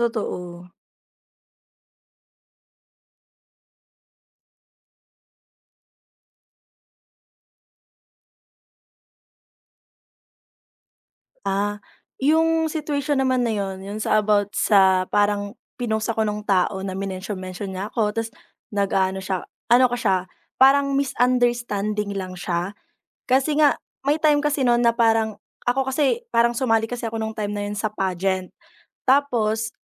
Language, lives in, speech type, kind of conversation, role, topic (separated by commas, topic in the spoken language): Filipino, Philippines, conversation, podcast, guest, Ano ang ginagawa mo kapag na-tag ka sa isang nakakahiya o hindi kanais-nais na post?